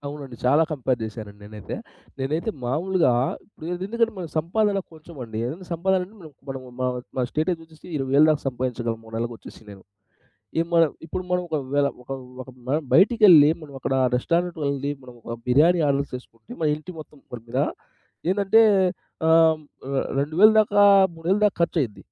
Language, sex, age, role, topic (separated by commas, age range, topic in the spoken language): Telugu, male, 30-34, guest, నిధులు పొదుపు చేస్తూ తక్షణ వినోద ఖర్చులను మీరు ఎలా నియంత్రిస్తారు?